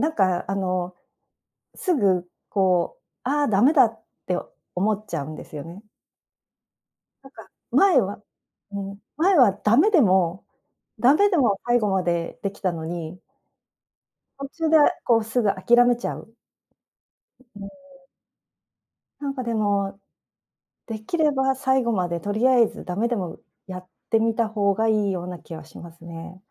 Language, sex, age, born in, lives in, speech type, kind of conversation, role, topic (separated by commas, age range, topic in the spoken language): Japanese, female, 55-59, Japan, Japan, conversation, advice, user, 小さな習慣を作って創作量を増やすには、どのように始めればよいですか？